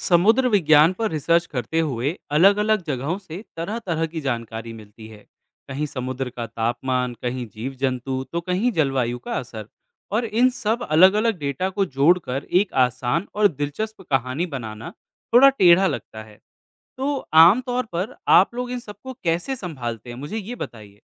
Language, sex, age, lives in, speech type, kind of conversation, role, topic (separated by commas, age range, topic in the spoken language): Hindi, male, 25-29, India, read, expert-assistant, asker, वैज्ञानिक डेटा को आम भाषा में समझाना कितना आसान है?